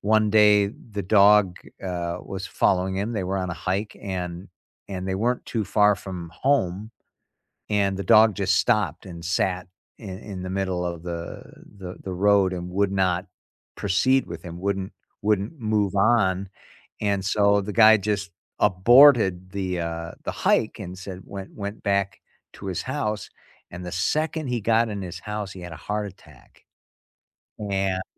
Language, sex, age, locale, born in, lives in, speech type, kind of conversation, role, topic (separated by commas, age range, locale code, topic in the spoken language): English, male, 55-59, en-US, United States, United States, conversation, unstructured, none, What’s the most unexpected way pets have shown bravery?